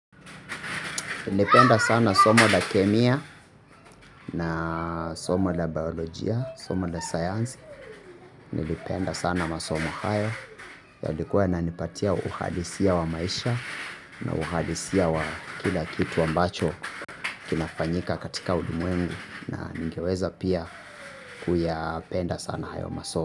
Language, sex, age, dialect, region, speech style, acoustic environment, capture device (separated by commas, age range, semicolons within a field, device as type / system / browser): Swahili, male, 25-34, Kenya - Nairobi Sheng-influenced, Kenya, happy, people talking; nature; construction, mobile / Linux / Chrome